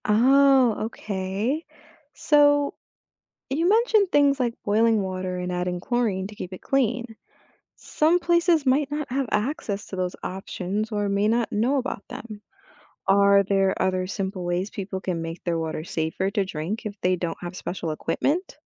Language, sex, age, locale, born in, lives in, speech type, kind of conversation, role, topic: English, female, 25-29, en-US, United States, United States, read, expert-assistant, asker, Why is clean water important for human health?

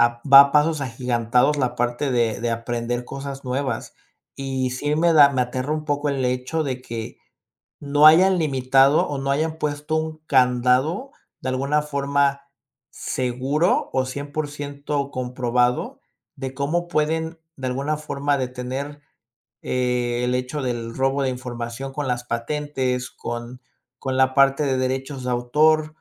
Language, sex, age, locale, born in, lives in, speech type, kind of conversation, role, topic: Spanish, male, 30-34, es-MX, Mexico, Mexico, conversation, podcast, guest, ¿Cómo afectará la tecnología a los trabajos de siempre?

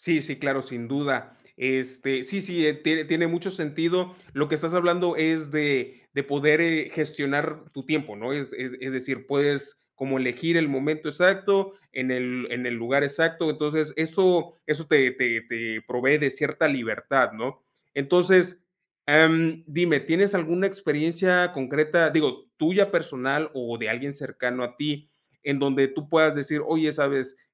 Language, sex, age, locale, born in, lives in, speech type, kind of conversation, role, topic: Spanish, male, 35-39, es-MX, Mexico, Mexico, conversation, podcast, host, ¿Qué opinas de aprender en línea en comparación con aprender de forma presencial?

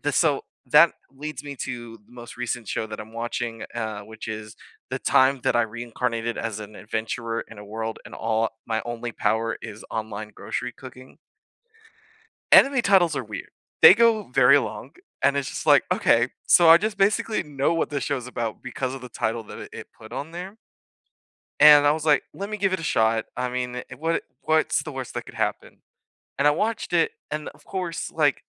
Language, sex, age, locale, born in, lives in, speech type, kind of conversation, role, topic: English, male, 25-29, en-US, United States, United States, conversation, unstructured, none, What was the last show you binge-watched, and what hooked you?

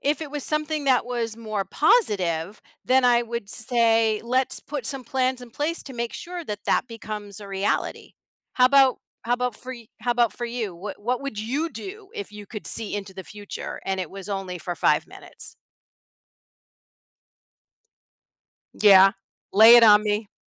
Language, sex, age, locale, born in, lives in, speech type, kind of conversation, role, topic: English, female, 55-59, en-US, United States, United States, conversation, unstructured, none, How might having a brief glimpse into the future change the way you make decisions?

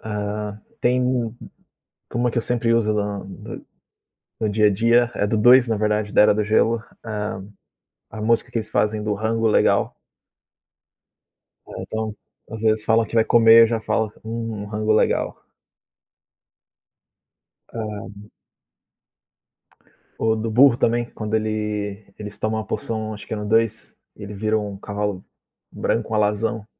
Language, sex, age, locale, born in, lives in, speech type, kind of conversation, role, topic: Portuguese, male, 30-34, pt-BR, Brazil, Portugal, conversation, unstructured, none, Qual filme de comédia você nunca se cansa de assistir?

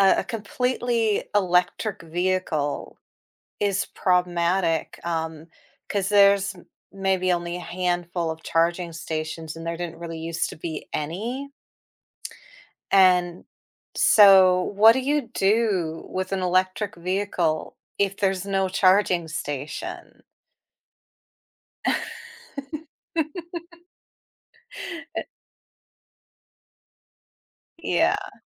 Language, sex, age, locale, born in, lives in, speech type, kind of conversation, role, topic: English, female, 40-44, en-US, United States, United States, conversation, podcast, host, In what ways have inventions changed our everyday lives and society?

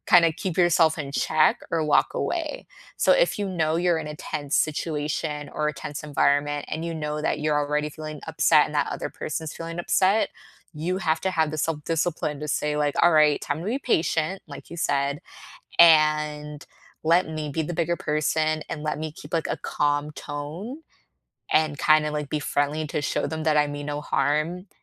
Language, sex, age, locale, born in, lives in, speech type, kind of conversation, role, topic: English, female, 25-29, en-US, United States, United States, conversation, unstructured, none, How do you keep a conversation respectful when you disagree?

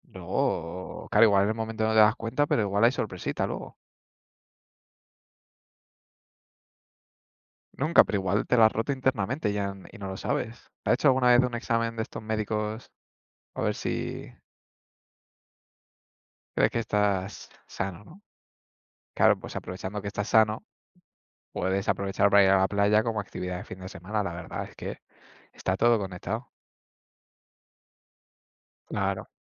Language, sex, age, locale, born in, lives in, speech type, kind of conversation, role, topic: Spanish, male, 20-24, es-ES, Spain, Spain, conversation, unstructured, none, ¿Qué hace que un lugar sea perfecto para una escapada de fin de semana?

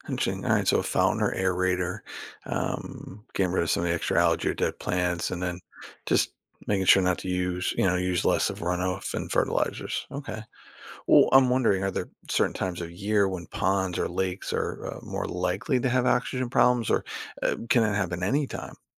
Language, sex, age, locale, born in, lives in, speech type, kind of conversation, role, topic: English, male, 50-54, en-US, United States, United States, read, expert-assistant, asker, How do fish breathe underwater?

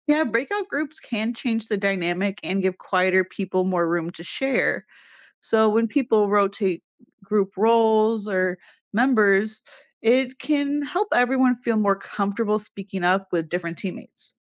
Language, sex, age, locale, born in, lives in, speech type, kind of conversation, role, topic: English, female, 20-24, en-US, United States, United States, read, expert-assistant, expert, What are some interesting ways people celebrate teamwork and cooperation?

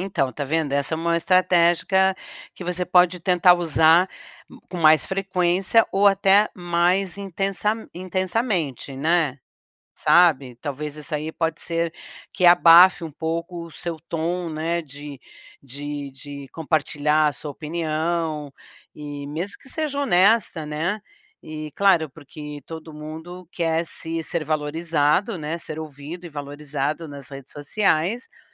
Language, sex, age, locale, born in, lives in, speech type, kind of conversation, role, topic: Portuguese, female, 60-64, pt-BR, Brazil, United States, conversation, advice, advisor, Como posso expressar minha opinião honesta sem perder amizades online?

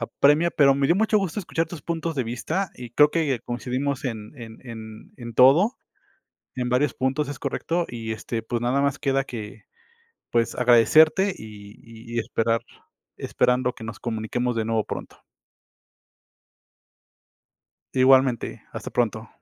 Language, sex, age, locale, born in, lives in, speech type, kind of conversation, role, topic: Spanish, male, 35-39, es-MX, Mexico, Mexico, conversation, unstructured, none, ¿Crees que todas las personas deberían aprender un segundo idioma? ¿Por qué?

